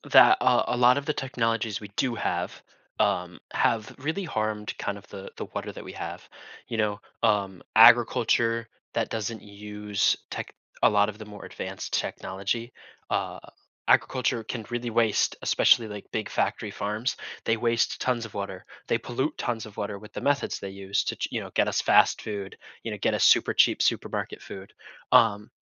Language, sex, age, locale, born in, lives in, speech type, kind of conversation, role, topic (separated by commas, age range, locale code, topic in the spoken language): English, male, 20-24, en-US, United States, United States, conversation, podcast, guest, How is technology shaping our efforts to create a more sustainable future?